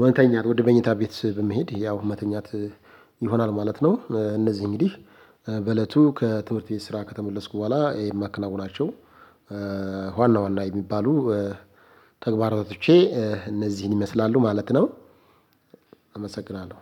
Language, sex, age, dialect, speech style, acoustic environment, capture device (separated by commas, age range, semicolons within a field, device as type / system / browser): Amharic, male, 25-34, Ethiopia - Addis Ababa, happy; excited, silence, mobile / Linux / Chrome